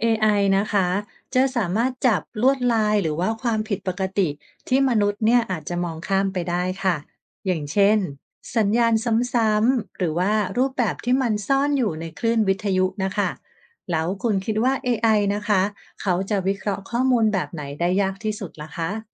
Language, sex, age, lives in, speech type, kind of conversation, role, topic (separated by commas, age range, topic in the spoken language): Thai, female, 45-49, Thailand, read, expert-assistant, expert, จะมีแนวทางใหม่ๆ ในการตรวจจับสัญญาณของสิ่งมีชีวิตนอกโลกที่เราอาจยังไม่เคยนึกถึงหรือไม่? และถ้าได้ คุณคิดว่ามีอะไรบ้าง?